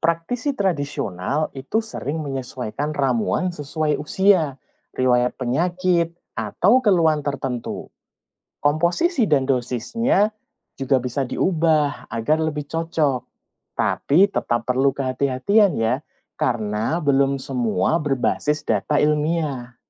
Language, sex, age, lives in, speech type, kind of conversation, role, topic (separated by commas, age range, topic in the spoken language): Indonesian, male, 40-44, Indonesia, read, expert-assistant, expert, Apa saja risiko dan tips aman menggunakan ramuan tradisional di era modern?